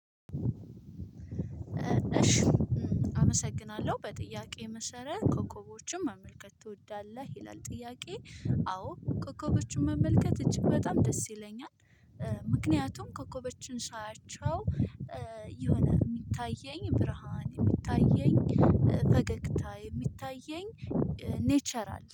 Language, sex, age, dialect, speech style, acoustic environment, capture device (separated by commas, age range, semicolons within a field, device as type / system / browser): Amharic, female, 25-34, Ethiopia - Addis Ababa, happy; focused; stressed; relaxed, people talking, mobile / Linux / Chrome